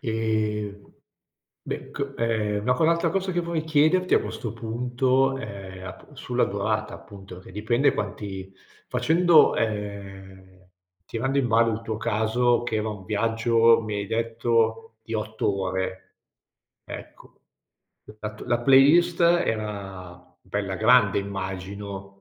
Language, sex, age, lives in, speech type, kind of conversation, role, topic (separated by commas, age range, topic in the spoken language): Italian, male, 50-54, Italy, conversation, podcast, host, Che playlist prepareresti per un viaggio con amici?